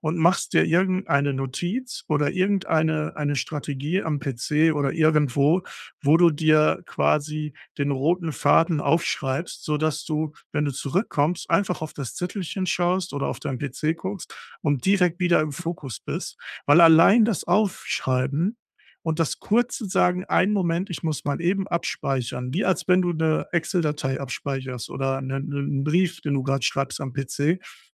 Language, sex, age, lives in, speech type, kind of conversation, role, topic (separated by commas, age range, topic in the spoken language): German, male, 35-39, Germany, conversation, advice, advisor, Wie kann ich Ablenkungen bei wichtigen Aufgaben reduzieren?